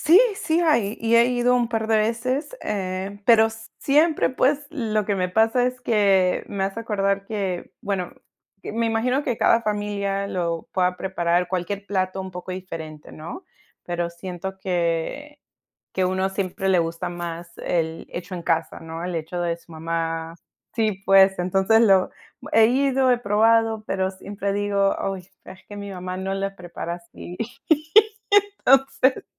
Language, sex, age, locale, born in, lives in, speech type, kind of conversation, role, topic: Spanish, female, 30-34, es-PE, United States, United States, conversation, unstructured, none, ¿Qué comida casera te trae los mejores recuerdos?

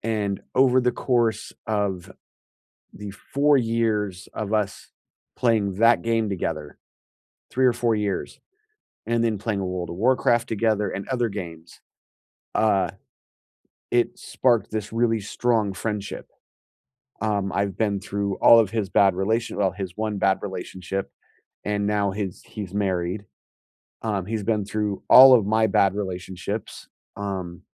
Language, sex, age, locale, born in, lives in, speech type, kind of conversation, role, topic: English, male, 40-44, en-US, United States, United States, conversation, unstructured, none, What helps you stay truly connected with friends through moves, milestones, and changing priorities?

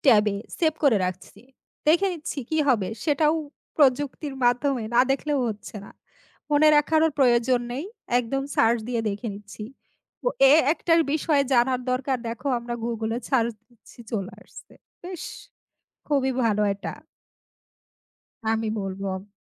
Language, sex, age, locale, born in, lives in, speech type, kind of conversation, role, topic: Bengali, female, 35-39, bn-BD, Bangladesh, Bangladesh, conversation, unstructured, none, আপনার জীবনে প্রযুক্তির কোন দিকটি সবচেয়ে বেশি প্রভাব ফেলেছে?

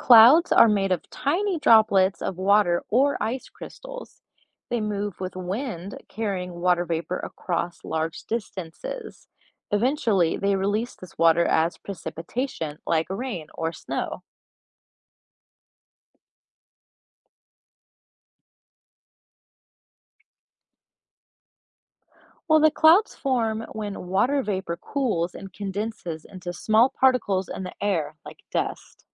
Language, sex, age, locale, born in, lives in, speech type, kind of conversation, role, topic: English, female, 25-29, en-US, United States, United States, read, expert-assistant, expert, What happens to a water drop in the water cycle?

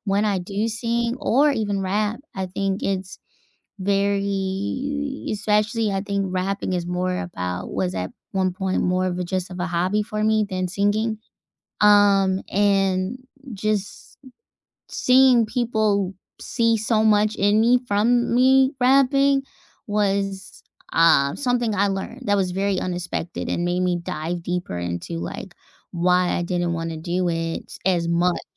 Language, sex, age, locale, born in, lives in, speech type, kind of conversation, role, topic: English, female, 30-34, en-US, United States, United States, conversation, unstructured, none, What’s the most unexpected thing you’ve learned from a hobby?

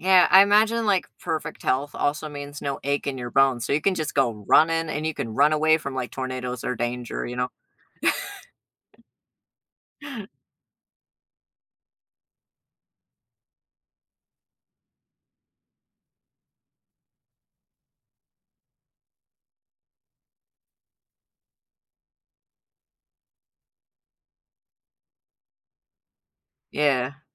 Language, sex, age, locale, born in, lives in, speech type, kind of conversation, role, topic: English, male, 30-34, en-US, United States, United States, conversation, unstructured, none, Would you rather always have perfect weather or perfect health?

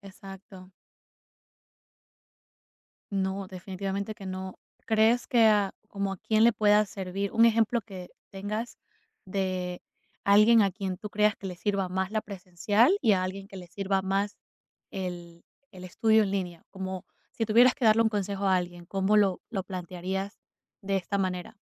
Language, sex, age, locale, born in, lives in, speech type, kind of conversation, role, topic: Spanish, female, 25-29, es-EC, Ecuador, United States, conversation, podcast, host, ¿Qué opinas sobre el aprendizaje en línea en comparación con las clases presenciales?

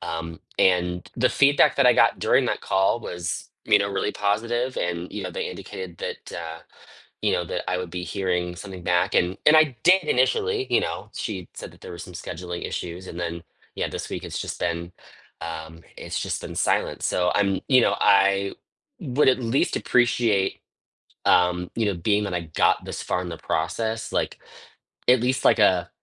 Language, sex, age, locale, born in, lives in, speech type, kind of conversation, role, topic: English, male, 30-34, en-US, United States, United States, conversation, advice, user, How can i move forward in my career and stay motivated after missing an important opportunity?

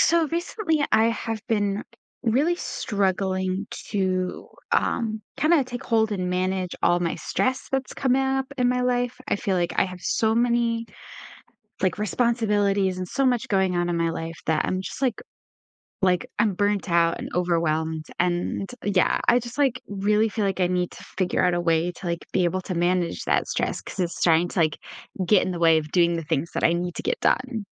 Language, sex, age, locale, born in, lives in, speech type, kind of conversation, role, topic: English, female, 20-24, en-US, United States, United States, conversation, advice, user, How can I reduce stress from daily responsibilities?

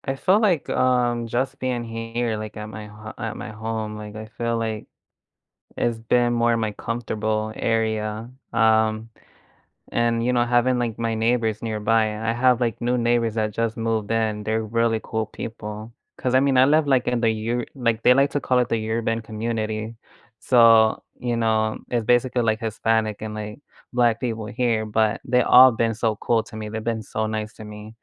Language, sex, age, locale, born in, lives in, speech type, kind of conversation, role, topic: English, male, 25-29, en-US, United States, United States, conversation, unstructured, none, How have connections in your local community affected your mental health, and what can you do this week to strengthen them?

